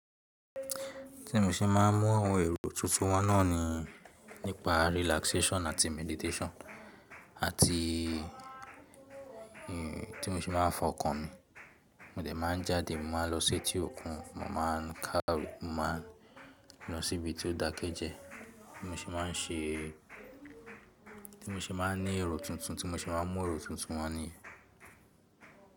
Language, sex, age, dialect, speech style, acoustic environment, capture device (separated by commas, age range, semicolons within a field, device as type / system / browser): Yoruba, male, 18-24, Nigeria - Lagos Yoruba, relaxed, silence; music, mobile / Linux / Chrome